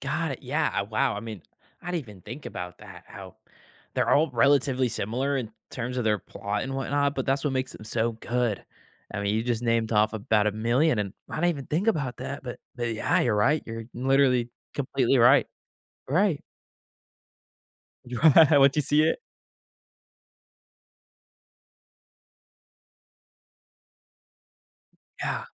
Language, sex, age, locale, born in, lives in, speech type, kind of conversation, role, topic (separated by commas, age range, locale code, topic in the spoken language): English, male, 18-19, en-US, United States, United States, conversation, podcast, host, Why do some story endings leave a lasting impression while others are quickly forgotten?